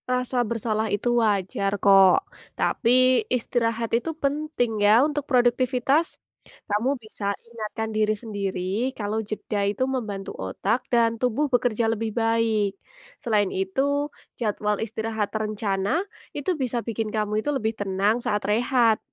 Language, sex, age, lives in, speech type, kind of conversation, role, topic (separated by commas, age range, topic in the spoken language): Indonesian, female, 20-24, Indonesia, read, expert-assistant, expert, Bagaimana tubuh memberi tanda kalau saya butuh istirahat sebelum kelelahan?